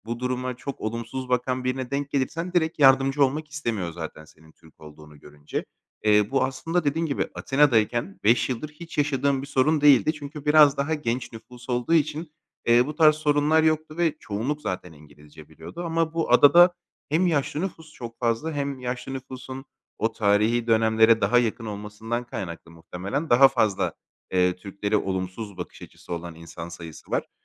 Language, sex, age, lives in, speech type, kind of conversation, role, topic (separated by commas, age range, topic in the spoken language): Turkish, male, 30-34, Greece, conversation, advice, user, Yurt dışında resmi işlemlerde yaşadığım dil ve iletişim zorluklarını aşmam için nasıl yardımcı olabilirsiniz?